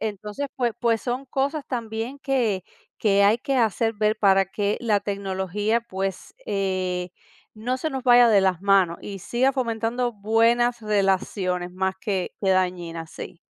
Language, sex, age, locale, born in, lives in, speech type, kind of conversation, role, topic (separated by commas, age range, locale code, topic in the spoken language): Spanish, female, 40-44, es-CU, Cuba, United States, conversation, podcast, guest, ¿Qué papel tiene la tecnología en tus relaciones?